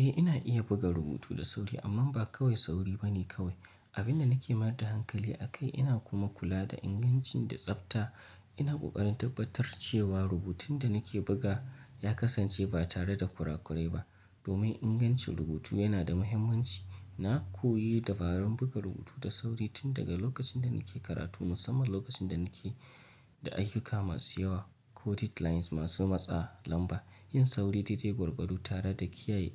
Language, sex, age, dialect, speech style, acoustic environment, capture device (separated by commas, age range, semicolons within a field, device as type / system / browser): Hausa, male, 25-34, Nigeria - Nigerian Standard, focused; excited, nature; appliances, mobile / Linux / Chrome